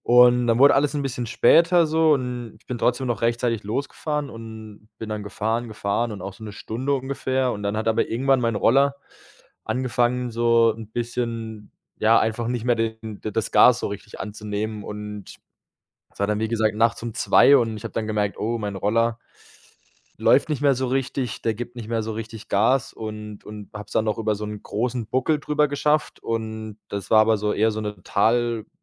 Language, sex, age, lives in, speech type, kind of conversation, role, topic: German, male, 25-29, Germany, conversation, podcast, guest, Wann hat dich ein Fremder in einer Notlage unterstützt?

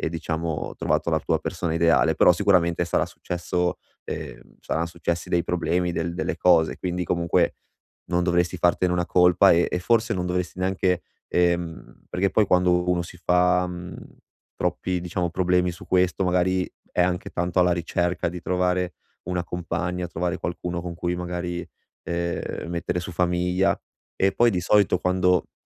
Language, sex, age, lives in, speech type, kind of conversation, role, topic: Italian, male, 25-29, Italy, conversation, advice, advisor, Come posso seguire il mio ritmo senza sentirmi sotto pressione per il matrimonio e la carriera?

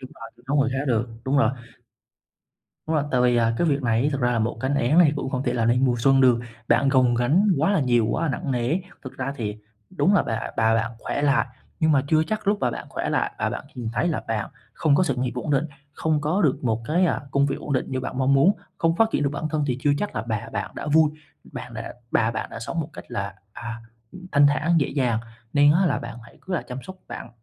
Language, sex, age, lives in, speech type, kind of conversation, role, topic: Vietnamese, male, 20-24, Vietnam, conversation, advice, advisor, Làm thế nào để hỗ trợ tài chính cho gia đình mà không ảnh hưởng đến quỹ cá nhân của bạn?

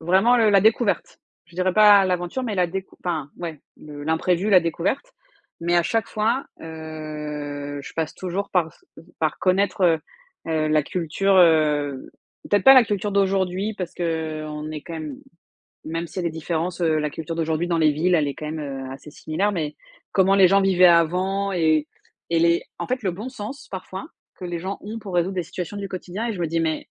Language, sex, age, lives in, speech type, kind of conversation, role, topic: French, female, 35-39, France, conversation, unstructured, none, Qu’est-ce qui rend un voyage vraiment spécial pour toi ?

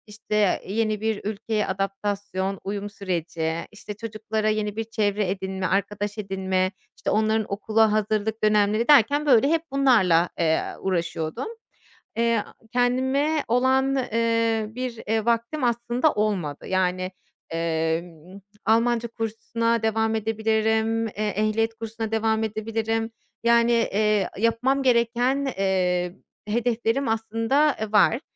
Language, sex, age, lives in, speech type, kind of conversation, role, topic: Turkish, female, 30-34, Germany, conversation, advice, user, Bahanelerimi nasıl aşabilir ve düzenli çalışma alışkanlığı nasıl kazanabilirim?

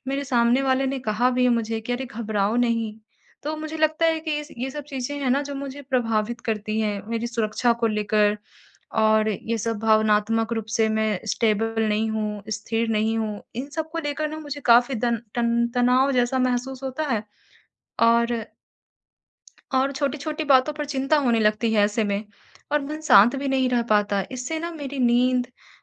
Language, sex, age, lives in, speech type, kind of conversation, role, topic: Hindi, female, 55-59, India, conversation, advice, user, इस बदलाव के दौरान मैं अपनी रोज़मर्रा की सुरक्षा और भावनात्मक स्थिरता कैसे पा सकता/सकती हूँ?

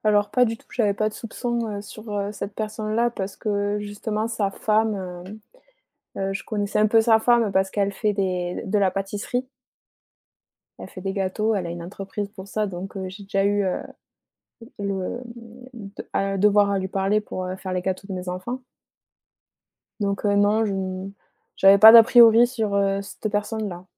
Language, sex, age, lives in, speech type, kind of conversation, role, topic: French, female, 25-29, France, conversation, podcast, guest, Comment une petite aide entre voisins t’a-t-elle touché ?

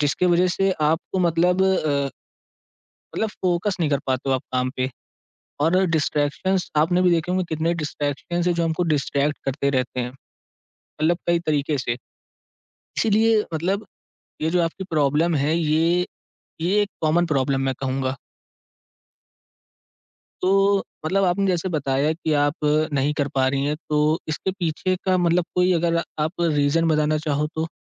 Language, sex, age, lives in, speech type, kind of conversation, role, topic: Hindi, male, 18-19, India, conversation, advice, advisor, आप काम पर गहरी एकाग्रता क्यों नहीं बना पा रहे हैं?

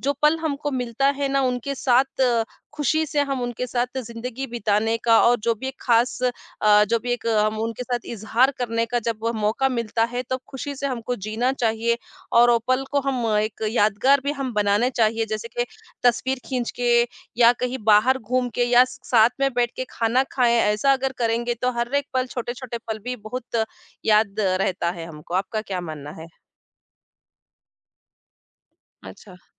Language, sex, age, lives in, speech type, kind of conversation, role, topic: Hindi, female, 40-44, India, conversation, unstructured, none, परिवार के साथ बिताया गया आपका सबसे यादगार पल कौन-सा था?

